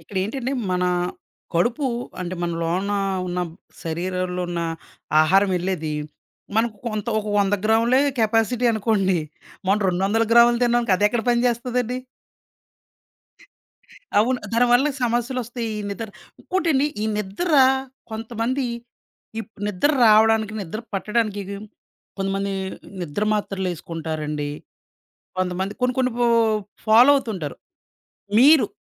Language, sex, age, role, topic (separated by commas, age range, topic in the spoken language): Telugu, male, 30-34, host, రాత్రి నిద్రకు వెళ్లే ముందు మీ రోజువారీ రొటీన్ ఎలా ఉంటుంది?